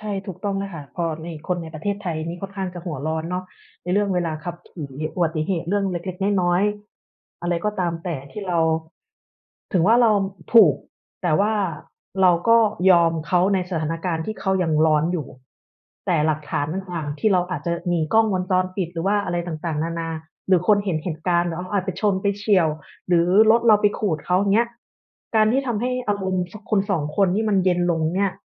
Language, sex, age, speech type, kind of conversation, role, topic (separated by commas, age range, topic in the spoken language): Thai, female, 35-39, conversation, unstructured, none, เคยมีครั้งไหนที่คุณต้องยอมคนอื่นทั้งที่ไม่อยากทำไหม?